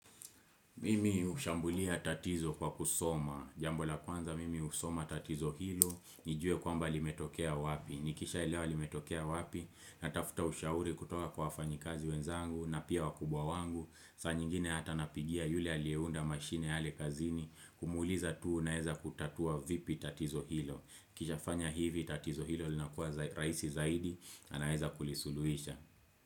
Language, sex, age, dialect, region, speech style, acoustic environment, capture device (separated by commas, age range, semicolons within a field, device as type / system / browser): Swahili, male, 25-34, Kenya - Nairobi Sheng-influenced, Kenya, relaxed, silence, desktop / Windows / Chrome